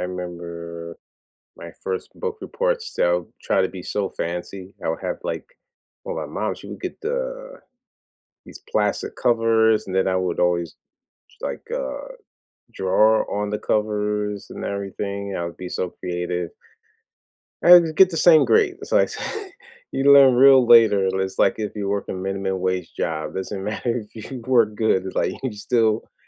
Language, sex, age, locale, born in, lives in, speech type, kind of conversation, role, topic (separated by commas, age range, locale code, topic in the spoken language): English, male, 40-44, en-US, United States, United States, conversation, unstructured, none, Which school-assigned book has stayed with you, and why does it still resonate?